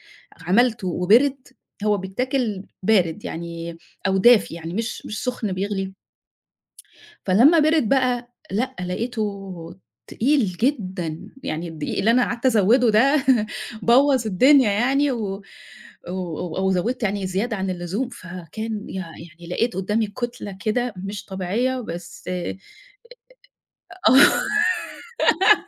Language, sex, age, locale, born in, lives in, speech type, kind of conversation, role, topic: Arabic, female, 50-54, ar-EG, Egypt, Egypt, conversation, podcast, guest, احكي لنا عن طبق أكلته وبيفكّرك دايمًا بالبيت؟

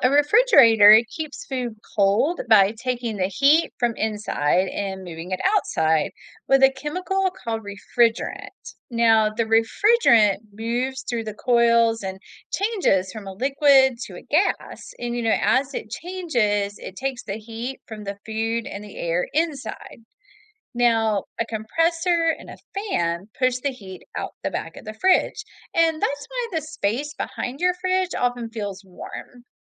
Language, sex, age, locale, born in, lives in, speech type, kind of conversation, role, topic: English, female, 45-49, en-US, United States, United States, read, expert-assistant, expert, How can you keep your refrigerator running efficiently and your food safe?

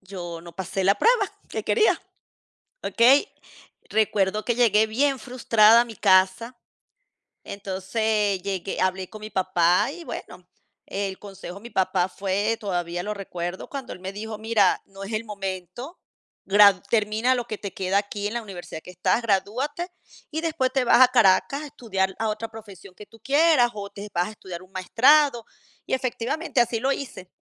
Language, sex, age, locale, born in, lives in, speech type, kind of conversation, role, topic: Spanish, female, 50-54, es-VE, Venezuela, Portugal, conversation, unstructured, none, ¿Qué te enseña el fracaso?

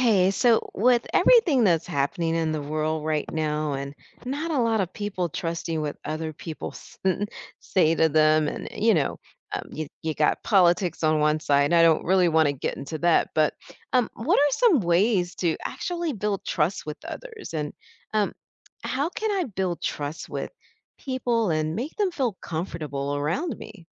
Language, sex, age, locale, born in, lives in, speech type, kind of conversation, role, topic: English, female, 50-54, en-US, United States, United States, read, expert-assistant, asker, What are some ways to build trust with others?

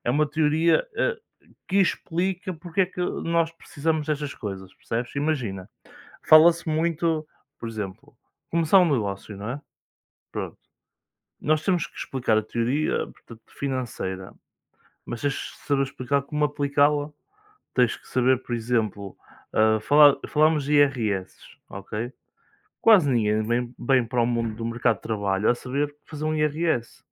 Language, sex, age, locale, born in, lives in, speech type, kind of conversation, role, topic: Portuguese, male, 20-24, pt-PT, Portugal, Portugal, conversation, unstructured, none, Você acha que a escola prepara bem para a vida adulta?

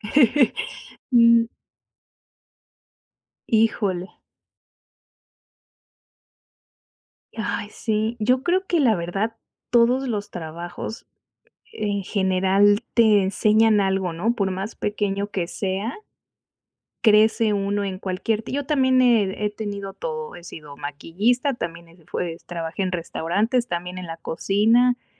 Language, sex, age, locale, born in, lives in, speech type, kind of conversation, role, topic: Spanish, female, 35-39, es-MX, Mexico, Mexico, conversation, unstructured, none, ¿Alguna vez un trabajo que parecía normal te ha cambiado la vida?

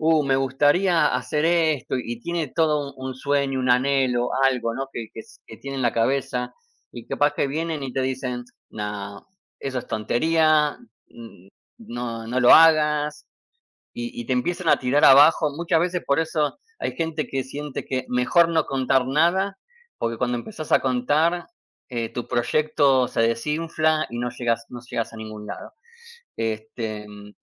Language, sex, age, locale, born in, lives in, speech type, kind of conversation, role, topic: Spanish, male, 45-49, es-AR, Argentina, United States, conversation, unstructured, none, ¿Crees que la familia siempre debe apoyarte, pase lo que pase?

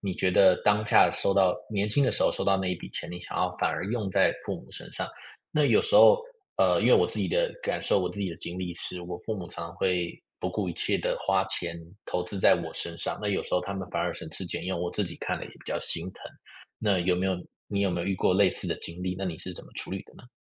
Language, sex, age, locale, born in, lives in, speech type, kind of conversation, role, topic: Chinese, male, 25-29, zh-TW, United States, United States, conversation, podcast, host, 你怎么看待父母用钱来表达爱的方式？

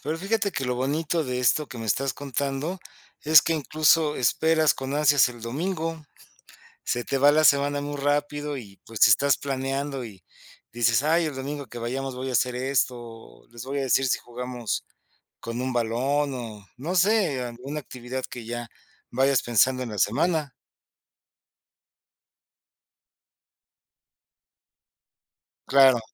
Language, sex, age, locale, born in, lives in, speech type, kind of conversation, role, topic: Spanish, male, 50-54, es-MX, Mexico, Mexico, conversation, podcast, host, ¿Qué tradiciones te gustaría transmitir a la próxima generación?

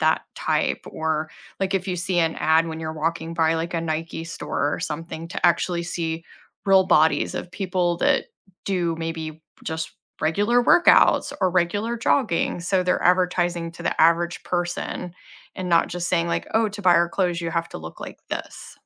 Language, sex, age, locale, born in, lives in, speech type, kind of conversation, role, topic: English, female, 40-44, en-US, United States, United States, conversation, unstructured, none, What frustrates you about unrealistic body standards in sports?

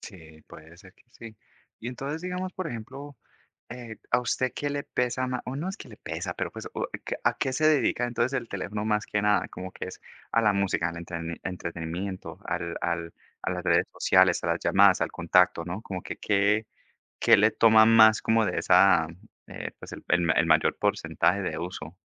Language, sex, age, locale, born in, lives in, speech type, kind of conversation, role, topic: Spanish, male, 30-34, es-CO, United States, United States, conversation, podcast, host, ¿Cómo usas el celular en tu día a día?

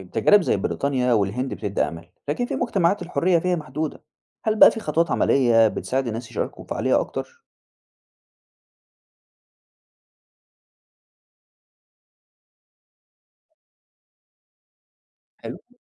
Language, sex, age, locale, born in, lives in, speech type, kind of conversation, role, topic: Arabic, male, 20-24, ar-EG, Egypt, Egypt, read, expert-assistant, asker, إزاي أقدر أعرف نظام الحكم اللي يخلّي صوت الناس مسموع وحقوقهم محفوظة؟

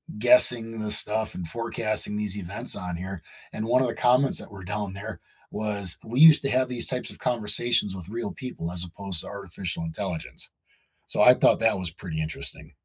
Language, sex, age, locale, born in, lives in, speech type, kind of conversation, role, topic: English, male, 45-49, en-US, United States, United States, conversation, unstructured, none, How do inventions change the way we live?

